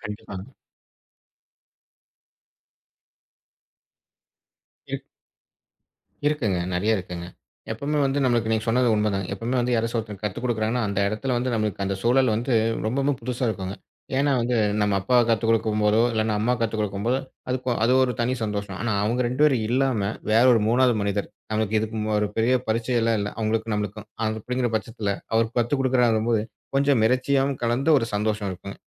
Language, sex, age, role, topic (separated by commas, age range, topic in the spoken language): Tamil, male, 35-39, guest, கற்றுக்கொள்ளும் போது உங்களுக்கு சந்தோஷம் தரும் தருணம் என்ன?